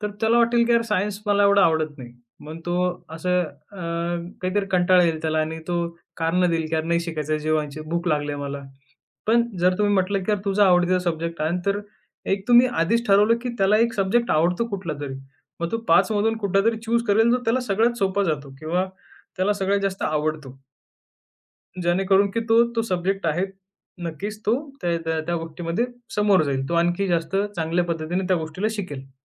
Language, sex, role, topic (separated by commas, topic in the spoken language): Marathi, male, guest, शिकण्यात मजा कशी आणता?